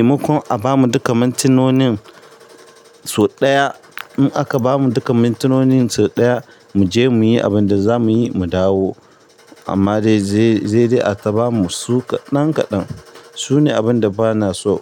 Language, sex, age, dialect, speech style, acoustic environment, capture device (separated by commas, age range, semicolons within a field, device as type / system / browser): Hausa, male, 25-34, Nigeria - Ibadan, tired; nervous, people talking; construction; appliances, mobile / Linux / Chrome